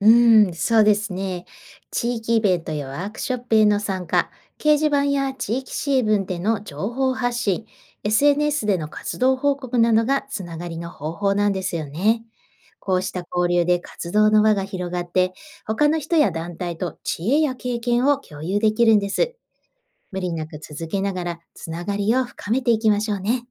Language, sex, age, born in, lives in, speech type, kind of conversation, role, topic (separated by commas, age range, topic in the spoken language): Japanese, female, 45-49, Japan, Japan, read, expert-assistant, expert, 身近な場所で始められる社会運動にはどんな方法がありますか？